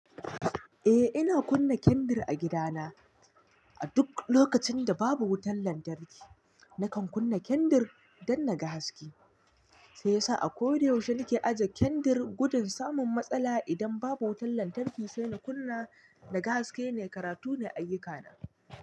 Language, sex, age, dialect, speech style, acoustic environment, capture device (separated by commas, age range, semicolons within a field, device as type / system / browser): Hausa, male, 25-34, Nigeria - Nigerian Standard, happy, nature, mobile / Linux / Chrome